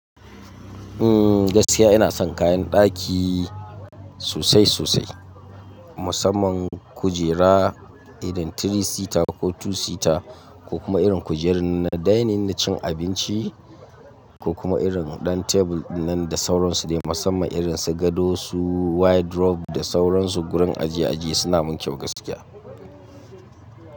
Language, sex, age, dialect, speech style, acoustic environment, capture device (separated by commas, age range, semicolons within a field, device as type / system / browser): Hausa, male, 25-34, Nigeria - Katsina, focused, silence, mobile / Linux / Chrome